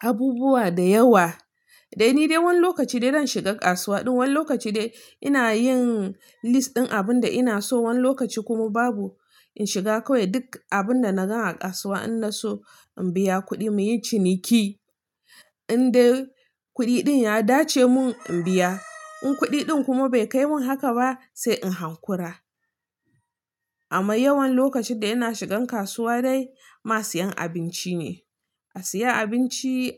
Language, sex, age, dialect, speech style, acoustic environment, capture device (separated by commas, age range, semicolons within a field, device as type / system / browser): Hausa, female, 25-34, Nigeria - Nigerian Standard, relaxed, nature; silence, mobile / Linux / Chrome